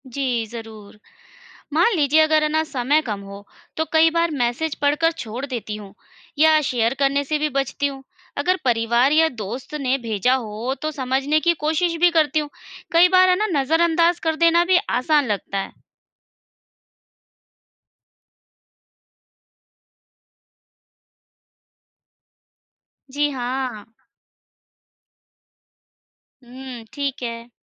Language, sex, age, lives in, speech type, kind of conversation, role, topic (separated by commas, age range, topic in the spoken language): Hindi, female, 35-39, India, read, expert-assistant, asker, जब हम इंटरनेट पर किसी बीमारी का इलाज पढ़ते हैं, तो कैसे जानें कि जानकारी सही और विश्वसनीय है?